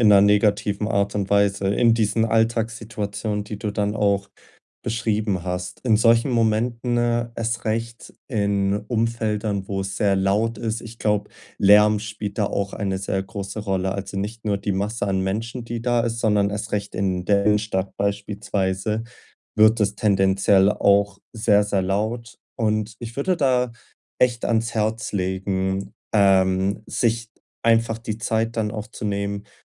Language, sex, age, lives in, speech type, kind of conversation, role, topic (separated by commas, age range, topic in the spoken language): German, male, 20-24, Germany, conversation, advice, advisor, Wie kann ich mich bei Gruppentreffen weniger überfordert fühlen?